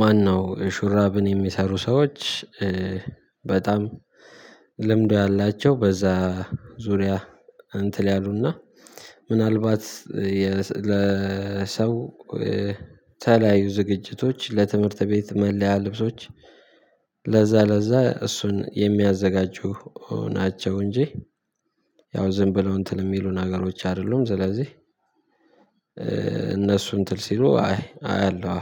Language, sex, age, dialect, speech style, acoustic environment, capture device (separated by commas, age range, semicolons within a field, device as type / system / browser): Amharic, male, 18-24, Ethiopia - Addis Ababa, happy, silence, mobile / Linux / Chrome